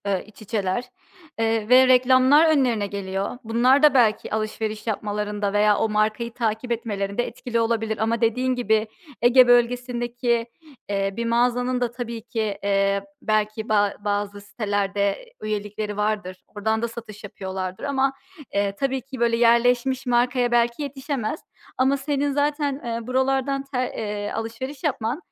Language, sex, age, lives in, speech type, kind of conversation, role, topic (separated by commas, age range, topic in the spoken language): Turkish, female, 30-34, United States, conversation, podcast, host, Uygun bir bütçeyle nasıl şık görünebilirsiniz?